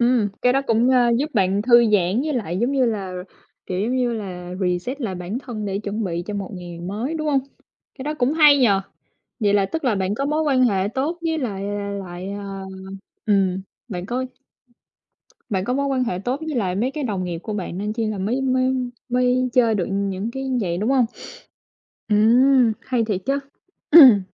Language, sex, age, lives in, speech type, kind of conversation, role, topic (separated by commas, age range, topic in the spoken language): Vietnamese, female, 25-29, United States, conversation, unstructured, none, Bạn có sở thích nào khiến bạn cảm thấy vui vẻ không?